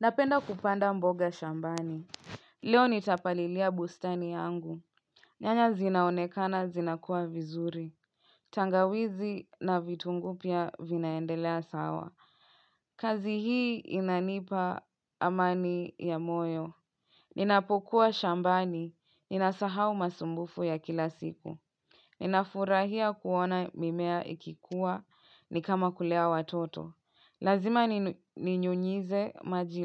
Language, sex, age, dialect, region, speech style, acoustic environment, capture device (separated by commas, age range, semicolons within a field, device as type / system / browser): Swahili, female, 25-34, Kenya - Nairobi Sheng-influenced, Kenya, relaxed, silence, mobile / Linux / Chrome